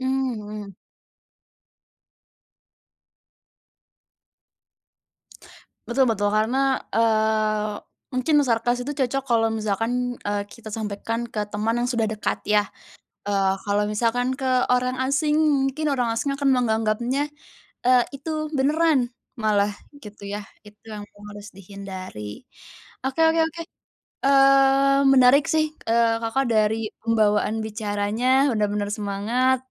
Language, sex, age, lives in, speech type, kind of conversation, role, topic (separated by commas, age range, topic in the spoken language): Indonesian, female, 20-24, Indonesia, conversation, podcast, host, Bagaimana biasanya kamu memulai cerita supaya orang langsung tertarik dan ikut mendengarkan?